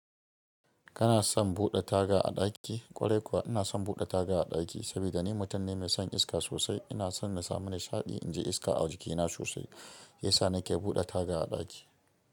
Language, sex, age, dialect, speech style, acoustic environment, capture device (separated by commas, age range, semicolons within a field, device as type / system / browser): Hausa, female, 25-34, Nigeria - Kano, excited; happy, silence, mobile / Linux / Chrome